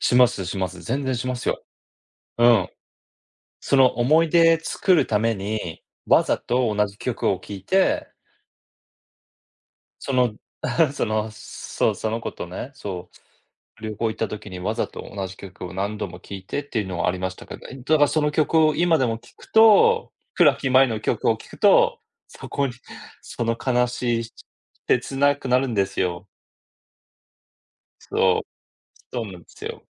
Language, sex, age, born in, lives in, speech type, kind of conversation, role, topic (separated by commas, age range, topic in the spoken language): Japanese, male, 40-44, Japan, United States, conversation, unstructured, none, 愛する人の思い出をどのように残していますか？